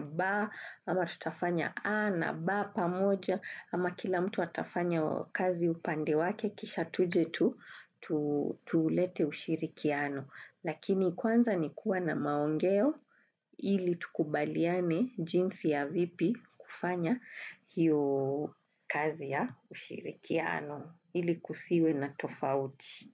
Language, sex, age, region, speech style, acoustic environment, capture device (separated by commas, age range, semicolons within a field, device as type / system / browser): Swahili, female, 35-44, Kenya, focused, music, desktop / Windows / Chrome